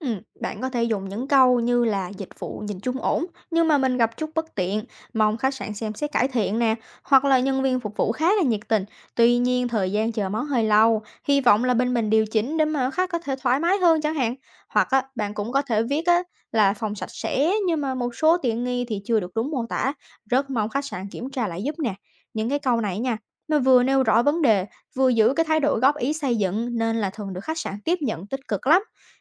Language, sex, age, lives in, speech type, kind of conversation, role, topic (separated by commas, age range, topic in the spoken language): Vietnamese, female, 20-24, United States, read, expert-assistant, expert, Làm thế nào để góp ý với khách sạn một cách lịch sự và hiệu quả?